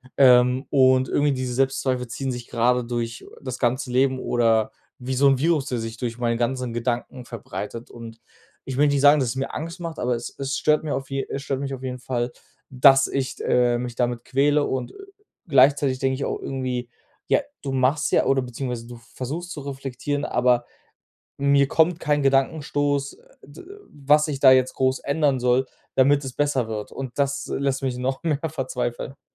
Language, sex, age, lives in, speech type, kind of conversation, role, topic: German, male, 25-29, Germany, conversation, advice, user, Wie bleibe ich trotz Selbstzweifeln bei meiner Entscheidung?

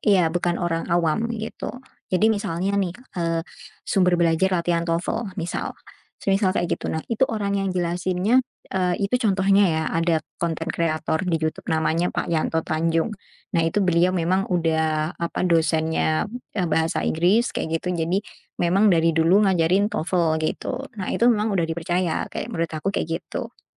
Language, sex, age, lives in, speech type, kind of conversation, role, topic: Indonesian, female, 20-24, Indonesia, conversation, podcast, guest, Bagaimana kamu memilih sumber belajar yang dapat dipercaya?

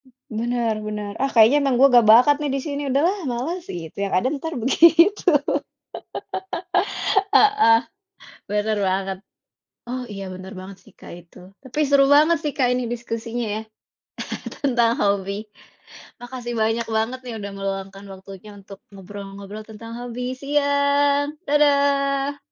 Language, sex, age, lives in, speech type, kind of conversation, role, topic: Indonesian, female, 25-29, Indonesia, conversation, unstructured, none, Bagaimana cara kamu meyakinkan teman untuk mencoba hobi baru?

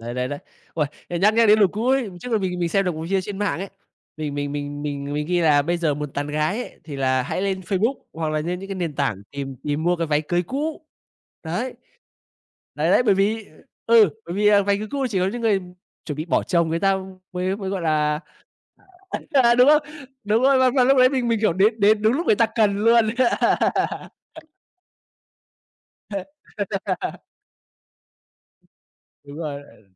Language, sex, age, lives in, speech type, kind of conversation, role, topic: Vietnamese, male, 25-29, Vietnam, conversation, unstructured, none, Bạn nghĩ việc sử dụng mạng xã hội ảnh hưởng như thế nào đến cách mọi người thể hiện bản thân?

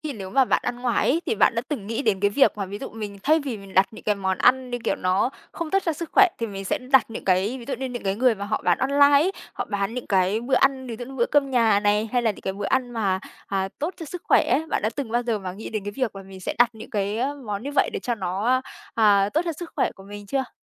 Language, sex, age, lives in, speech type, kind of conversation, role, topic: Vietnamese, female, 25-29, Vietnam, conversation, advice, advisor, Làm sao để bạn ăn uống lành mạnh hơn khi thường xuyên phải ăn đồ ăn nhanh vì không có thời gian nấu nướng?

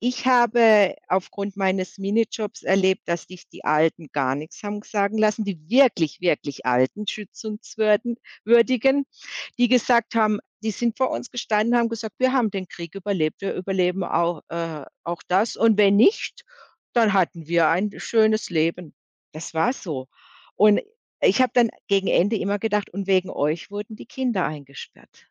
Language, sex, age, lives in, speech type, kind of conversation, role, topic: German, female, 55-59, Germany, conversation, podcast, guest, Wie hat die Pandemie deine Kontakte verändert?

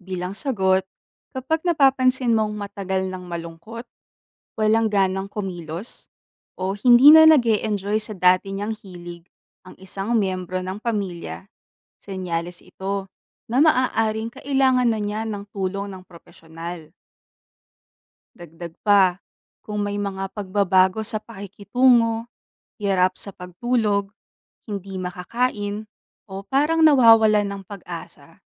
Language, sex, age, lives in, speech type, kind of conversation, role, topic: Filipino, female, 25-29, Philippines, read, expert-assistant, expert, Paano nakakaapekto ang pag-abuso sa bawal na gamot sa kinabukasan ng kabataan?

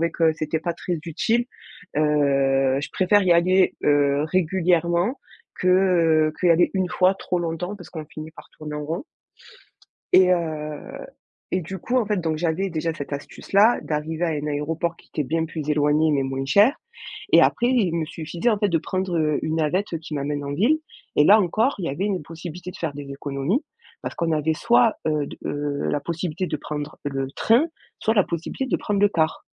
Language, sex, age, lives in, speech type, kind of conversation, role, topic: French, female, 25-29, France, conversation, podcast, guest, Quelles astuces pour voyager avec un petit budget ?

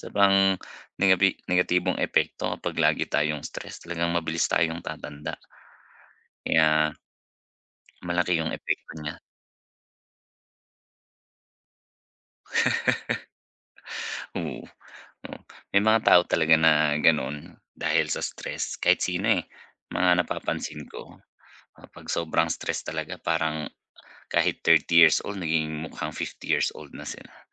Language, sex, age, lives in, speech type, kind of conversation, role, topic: Filipino, male, 35-39, Philippines, conversation, advice, advisor, Paano ko mababawasan ang tensyon na dulot ng araw-araw na stress?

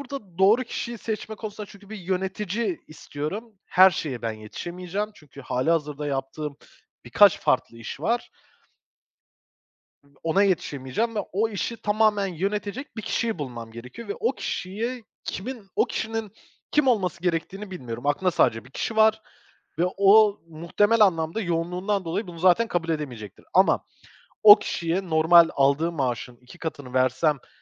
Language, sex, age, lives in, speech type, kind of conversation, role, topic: Turkish, male, 25-29, Portugal, conversation, advice, user, Yeni bir ekip kurma baskısı altındayken doğru kişiyi seçememekten nasıl kaçınabilirim?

